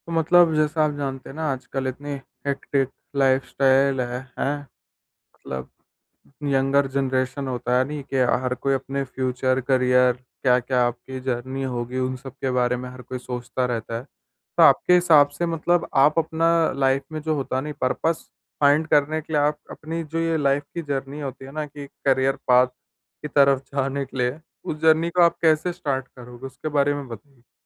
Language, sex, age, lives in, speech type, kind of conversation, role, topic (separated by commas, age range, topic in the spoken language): Hindi, male, 18-19, India, conversation, podcast, host, Tum apna purpose dhoondhne ki journey kaise start karoge?